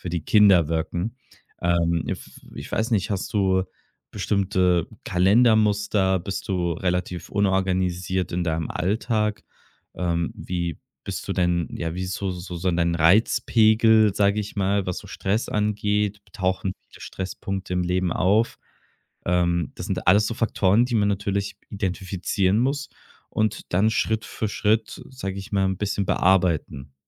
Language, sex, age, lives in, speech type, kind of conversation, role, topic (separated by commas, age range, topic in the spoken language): German, male, 25-29, Germany, conversation, advice, advisor, Wie erlebst du die Erwartung, als Eltern perfekt und organisiert wirken zu müssen?